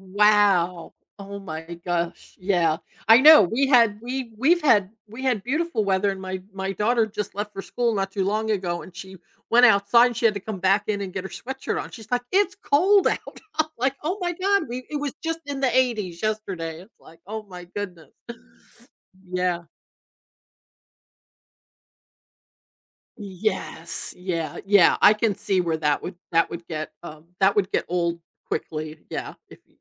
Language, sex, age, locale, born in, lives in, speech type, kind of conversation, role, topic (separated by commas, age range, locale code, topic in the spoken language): English, female, 60-64, en-US, United States, United States, conversation, unstructured, none, Would you rather live in a world with only summer or only winter?